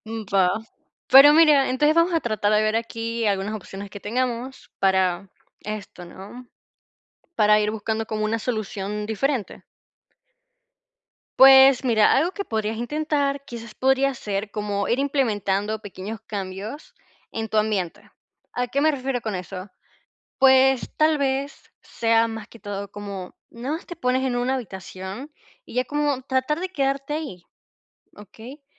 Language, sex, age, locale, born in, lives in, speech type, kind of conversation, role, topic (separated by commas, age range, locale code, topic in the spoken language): Spanish, female, 50-54, es-VE, Venezuela, Portugal, conversation, advice, advisor, ¿Cómo puedo cambiar mi entorno para generar más ideas creativas?